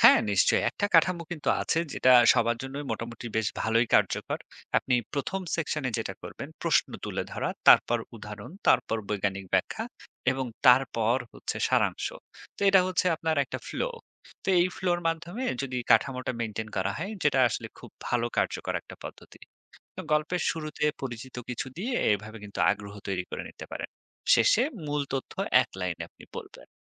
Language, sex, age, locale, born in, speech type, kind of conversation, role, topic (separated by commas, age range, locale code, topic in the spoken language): Bengali, male, 30-34, bn-BD, Bangladesh, read, expert-assistant, expert, মহাকাশের নানা গল্প আর বৈজ্ঞানিক তথ্য সহজে মনে রাখার জন্য কীভাবে একটা পরিকল্পনা করা যায়?